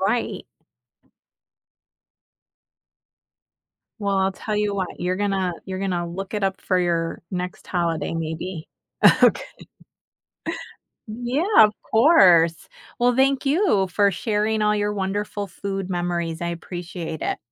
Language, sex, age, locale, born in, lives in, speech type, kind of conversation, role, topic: English, female, 50-54, en-US, United States, United States, conversation, podcast, host, How have childhood food experiences shaped your tastes and memories as an adult?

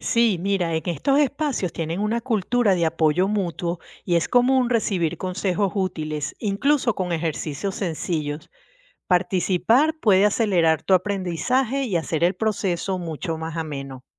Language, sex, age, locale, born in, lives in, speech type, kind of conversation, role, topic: Spanish, female, 55-59, es-VE, Venezuela, United States, read, expert-assistant, expert, ¿Qué métodos de aprendizaje son más efectivos para personas adultas que quieren reinventarse profesionalmente?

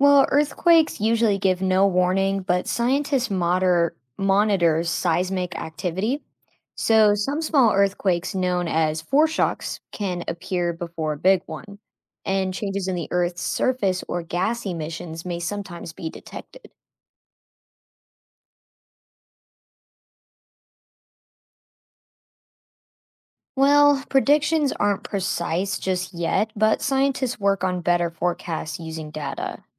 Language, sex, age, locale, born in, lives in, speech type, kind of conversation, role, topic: English, female, 25-29, en-US, United States, United States, read, expert-assistant, expert, How do earthquakes occur and what are their effects?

